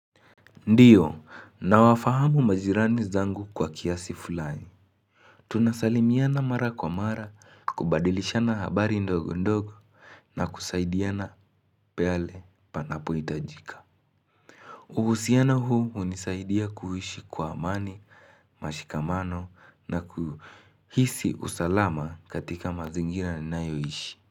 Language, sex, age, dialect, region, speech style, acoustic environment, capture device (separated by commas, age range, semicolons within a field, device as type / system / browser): Swahili, male, 18-24, Kenya - Nairobi Sheng-influenced, Kenya, excited, nature, mobile / Linux / Chrome